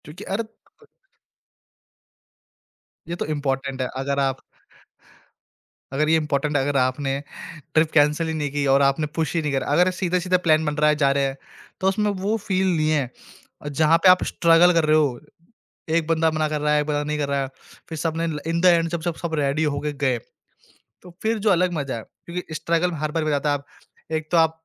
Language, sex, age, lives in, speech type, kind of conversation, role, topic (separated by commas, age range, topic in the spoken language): Hindi, male, 20-24, India, conversation, podcast, guest, Jab kisi trip par tumhara plan fail ho gaya, toh tumne situation ko kaise handle kiya?